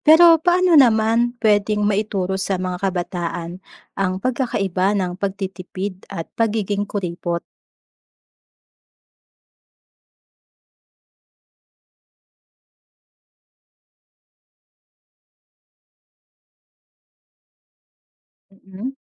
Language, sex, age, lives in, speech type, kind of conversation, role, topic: Filipino, female, 30-34, Philippines, read, expert-assistant, asker, Paano nakakatulong ang pagtuturo ng financial literacy sa kabataan?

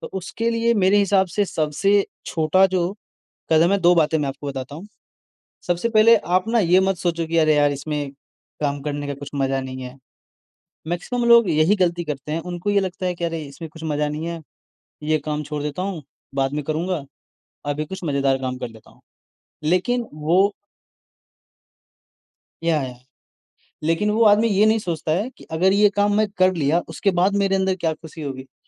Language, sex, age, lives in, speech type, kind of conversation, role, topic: Hindi, male, 20-24, India, conversation, advice, advisor, मैं बार-बार काम टाल देता/देती हूँ और बाद में गहरा पछतावा महसूस करता/करती हूँ—मैं इस आदत को कैसे बदलूँ?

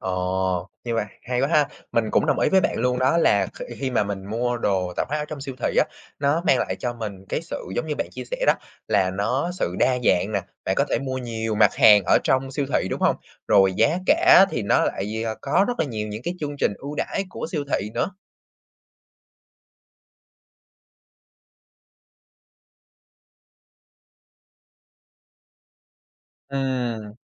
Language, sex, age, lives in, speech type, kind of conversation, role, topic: Vietnamese, male, 20-24, Vietnam, conversation, podcast, host, Bạn có mẹo nào để mua đồ tạp hóa tiết kiệm không?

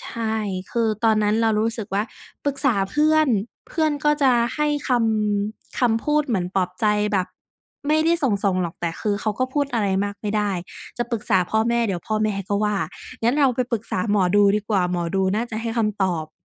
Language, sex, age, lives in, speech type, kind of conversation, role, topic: Thai, female, 25-29, Thailand, conversation, podcast, guest, คุณขอความช่วยเหลือหรือหาคนคุยอย่างไรเมื่อรู้สึกเครียด?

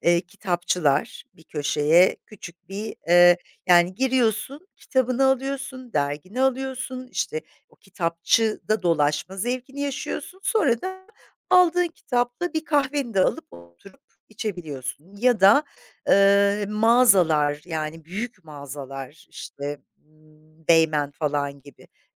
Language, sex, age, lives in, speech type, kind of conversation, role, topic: Turkish, female, 50-54, Italy, conversation, podcast, guest, Mahalle kahvesi topluma nasıl bir katkı sağlıyor?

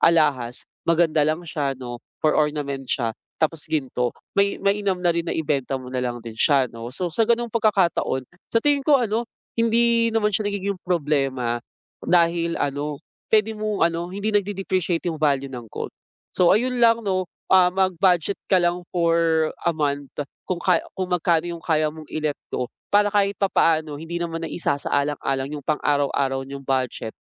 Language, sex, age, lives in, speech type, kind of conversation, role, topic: Filipino, male, 25-29, Philippines, conversation, advice, advisor, Paano ako magiging kuntento sa kasalukuyan kong mga pag-aari at titigil sa sobra-sobrang pagbili?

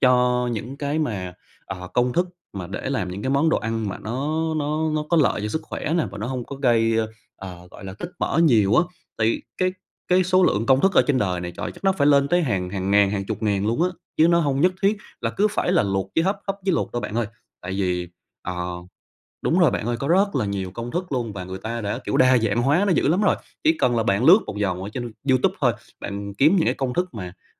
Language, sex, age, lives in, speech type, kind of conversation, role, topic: Vietnamese, male, 25-29, Vietnam, conversation, advice, advisor, Làm sao để bớt chán khi ăn theo thực đơn lành mạnh và lấy lại động lực?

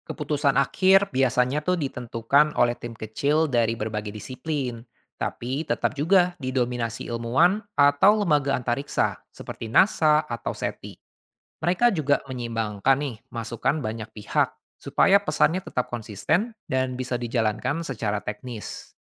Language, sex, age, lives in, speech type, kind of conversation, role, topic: Indonesian, male, 25-29, Indonesia, read, expert-assistant, expert, Bagaimana manusia sebaiknya berkomunikasi dengan makhluk cerdas luar angkasa?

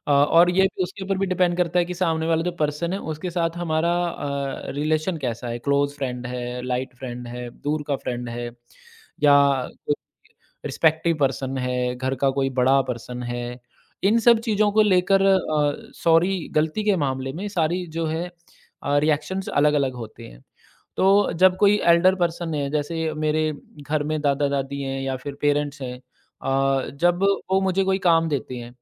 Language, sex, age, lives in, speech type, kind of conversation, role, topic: Hindi, male, 35-39, India, conversation, podcast, guest, Sorry bolne se zyada kaunse kaam waqai maayne rakhte hain?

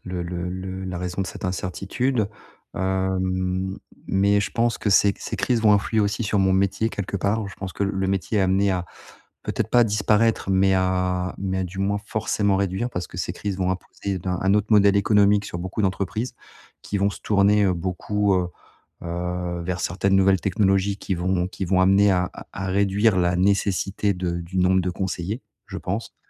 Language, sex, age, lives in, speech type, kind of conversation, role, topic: French, male, 40-44, France, conversation, advice, user, Comment gérer l’incertitude lorsque des crises surviennent ailleurs dans le monde ?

